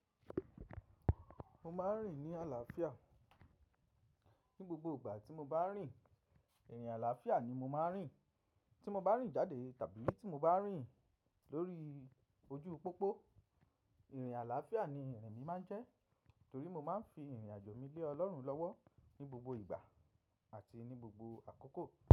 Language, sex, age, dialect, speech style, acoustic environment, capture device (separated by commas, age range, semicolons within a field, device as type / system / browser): Yoruba, male, 25-34, Nigeria - Ibadan, happy; relaxed; excited; focused, silence, mobile / macOS / Safari